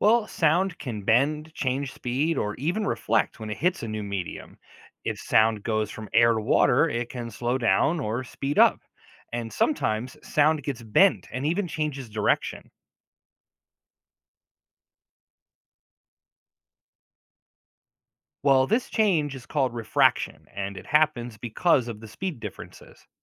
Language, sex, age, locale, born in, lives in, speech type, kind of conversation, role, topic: English, male, 40-44, en-US, United States, United States, read, expert-assistant, expert, How do sound waves travel through different mediums?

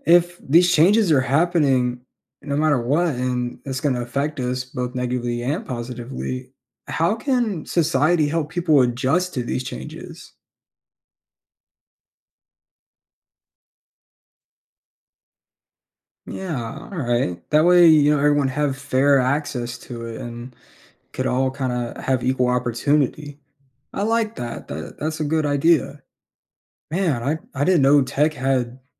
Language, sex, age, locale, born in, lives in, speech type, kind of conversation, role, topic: English, male, 20-24, en-US, United States, United States, read, expert-assistant, asker, How does technology impact job opportunities across industries?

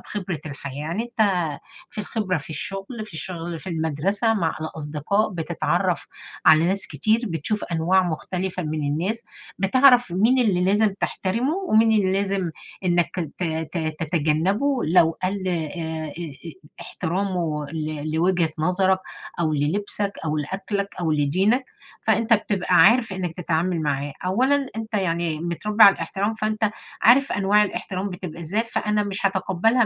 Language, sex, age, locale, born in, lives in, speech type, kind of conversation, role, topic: Arabic, female, 50-54, ar-EG, Egypt, United States, conversation, unstructured, none, إزاي شايف أهمية الاحترام في التعامل مع الناس التانيين؟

